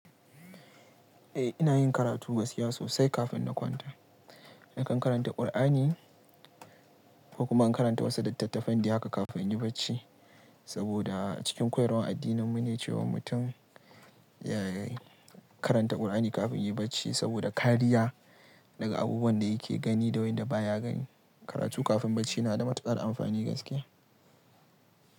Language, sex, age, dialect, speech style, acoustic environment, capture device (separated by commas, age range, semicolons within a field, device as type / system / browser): Hausa, male, 18-24, Nigeria - Kano, relaxed, nature, mobile / Linux / Chrome